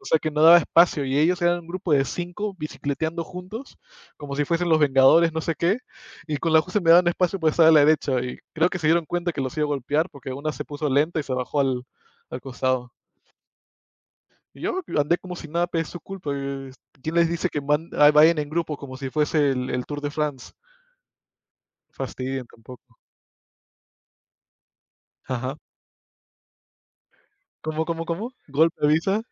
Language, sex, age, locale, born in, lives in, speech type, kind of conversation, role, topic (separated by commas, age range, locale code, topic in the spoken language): Spanish, male, 25-29, es-PE, United States, United States, conversation, unstructured, none, ¿Cómo te gusta pasar un día libre ideal?